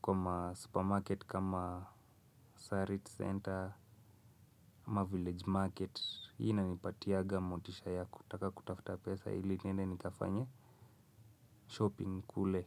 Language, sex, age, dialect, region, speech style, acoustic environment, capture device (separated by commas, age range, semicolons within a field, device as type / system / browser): Swahili, male, 25-34, Kenya - Nairobi Sheng-influenced, Kenya, relaxed, nature, mobile / Linux / Chrome